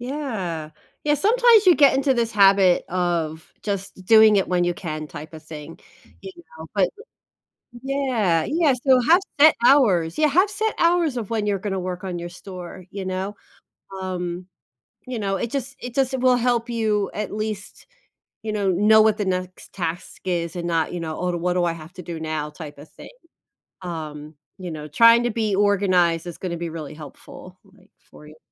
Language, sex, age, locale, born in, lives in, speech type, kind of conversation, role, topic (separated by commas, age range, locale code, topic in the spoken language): English, female, 45-49, en-US, United States, United States, conversation, advice, advisor, How can I manage overwhelming responsibilities?